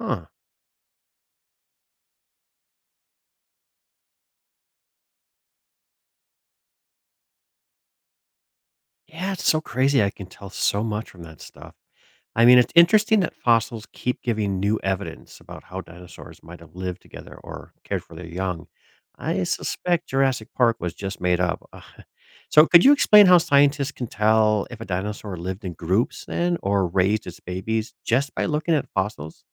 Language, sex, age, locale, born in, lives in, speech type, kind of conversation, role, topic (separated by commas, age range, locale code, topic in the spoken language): English, male, 45-49, en-US, United States, United States, read, expert-assistant, asker, How do fossils form and what do they reveal?